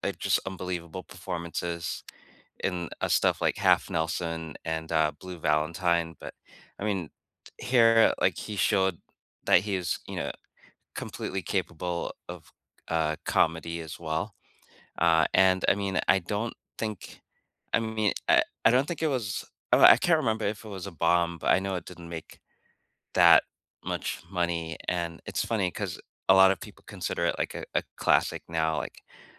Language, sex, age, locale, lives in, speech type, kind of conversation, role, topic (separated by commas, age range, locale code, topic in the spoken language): English, male, 45-49, en-US, United States, conversation, unstructured, none, Which underrated, little-seen movies do you passionately recommend, and what makes them unforgettable to you?